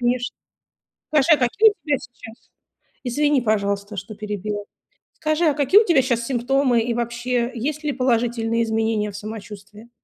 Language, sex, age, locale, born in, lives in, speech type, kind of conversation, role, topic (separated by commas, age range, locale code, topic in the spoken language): Russian, female, 40-44, ru-RU, Russia, United States, conversation, advice, advisor, Как справиться с ожиданием результатов медицинских анализов?